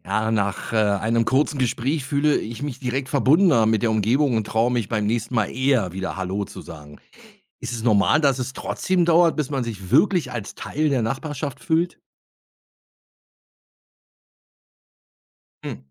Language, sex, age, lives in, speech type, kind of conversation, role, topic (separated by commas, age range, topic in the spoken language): German, male, 50-54, Germany, read, expert-assistant, asker, Wie kann ich alltägliche Wege nutzen, um mehr über das Leben in meiner Stadt zu erfahren?